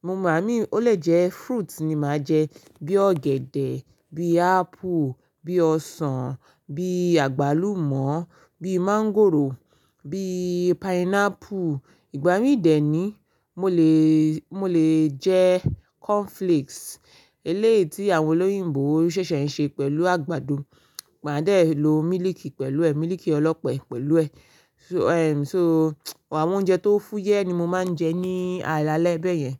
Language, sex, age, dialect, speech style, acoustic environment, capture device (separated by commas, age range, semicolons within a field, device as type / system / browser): Yoruba, female, 25-34, Nigeria - Ibadan, focused, silence, mobile / Linux / Chrome